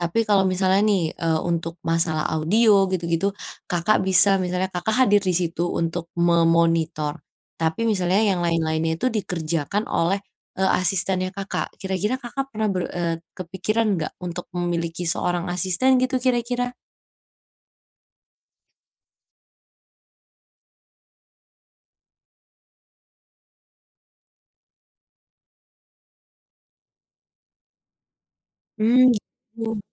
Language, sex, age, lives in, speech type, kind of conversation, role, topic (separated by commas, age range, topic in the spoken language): Indonesian, female, 20-24, Indonesia, conversation, advice, advisor, Apa yang sebaiknya saya lakukan jika merasa lelah dan kewalahan karena terlalu banyak acara sosial akhir-akhir ini?